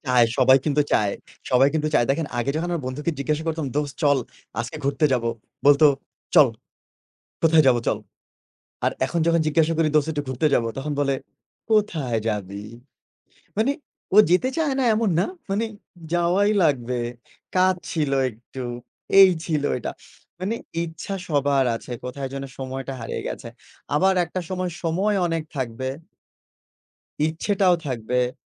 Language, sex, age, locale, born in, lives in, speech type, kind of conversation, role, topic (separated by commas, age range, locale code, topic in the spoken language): Bengali, male, 25-29, bn-BD, Bangladesh, Bangladesh, conversation, unstructured, none, বন্ধুত্বে আপনার মতে সবচেয়ে গুরুত্বপূর্ণ গুণ কোনটি?